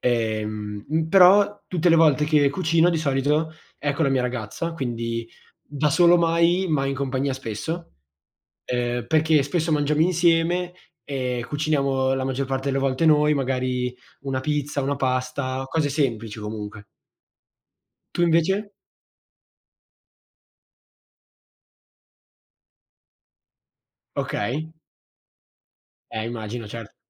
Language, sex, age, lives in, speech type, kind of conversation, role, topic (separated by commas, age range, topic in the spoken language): Italian, male, 18-19, Italy, conversation, unstructured, none, Ti piace cucinare insieme a qualcuno e com’è di solito l’esperienza?